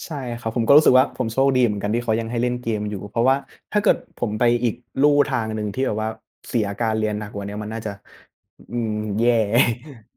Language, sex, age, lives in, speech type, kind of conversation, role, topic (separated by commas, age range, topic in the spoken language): Thai, male, 20-24, Thailand, conversation, unstructured, none, แรงกดดันจากผู้ปกครองส่งผลต่อนักเรียนอย่างไร?